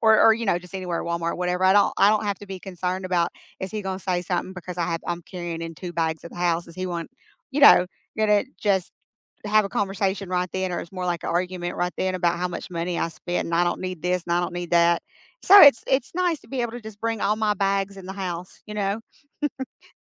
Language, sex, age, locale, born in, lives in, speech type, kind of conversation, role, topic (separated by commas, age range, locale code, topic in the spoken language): English, female, 50-54, en-US, United States, United States, conversation, unstructured, none, Do small joys really make your week brighter, and which little moments stood out for you?